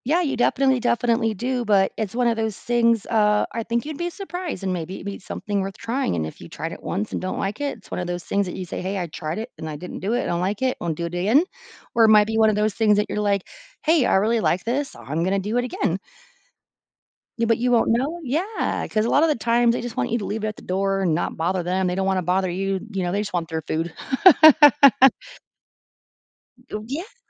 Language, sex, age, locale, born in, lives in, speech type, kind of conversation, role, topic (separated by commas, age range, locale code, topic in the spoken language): English, female, 40-44, en-US, United States, United States, conversation, advice, advisor, How can I manage mounting bills and reduce the financial anxiety I'm facing?